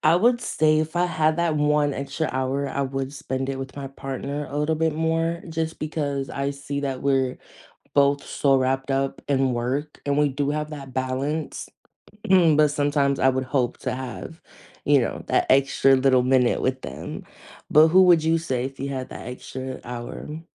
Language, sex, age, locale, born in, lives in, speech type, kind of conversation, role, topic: English, female, 20-24, en-US, United States, United States, conversation, unstructured, none, How do you make time for what—and who—matters most in everyday life?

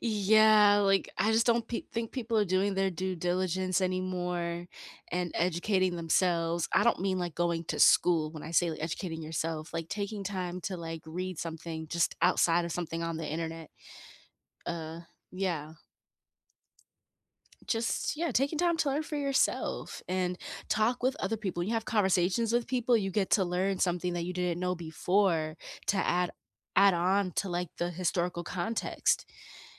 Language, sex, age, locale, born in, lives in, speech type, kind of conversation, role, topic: English, female, 30-34, en-US, United States, United States, conversation, unstructured, none, What can we learn from past mistakes in history?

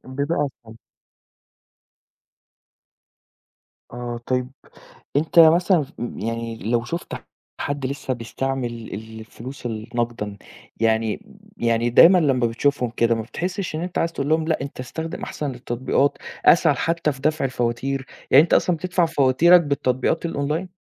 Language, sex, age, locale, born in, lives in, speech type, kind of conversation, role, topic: Arabic, male, 20-24, ar-EG, Saudi Arabia, Egypt, conversation, podcast, host, إزاي اتأقلمت مع الدفع الرقمي بدل الكاش؟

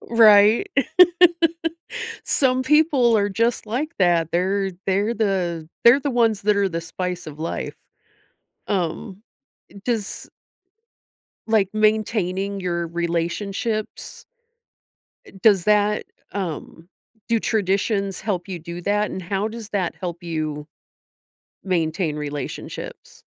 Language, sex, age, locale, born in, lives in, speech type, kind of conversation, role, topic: English, female, 45-49, en-US, United States, United States, conversation, podcast, host, Why do shared traditions play such an important role in connecting people?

